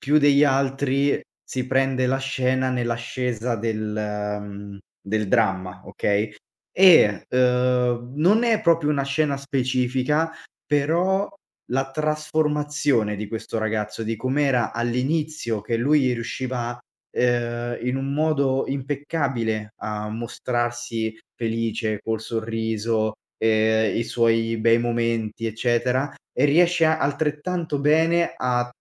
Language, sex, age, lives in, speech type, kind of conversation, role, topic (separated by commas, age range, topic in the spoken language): Italian, male, 25-29, Italy, conversation, podcast, guest, Qual è il tuo film preferito e perché ti resta dentro?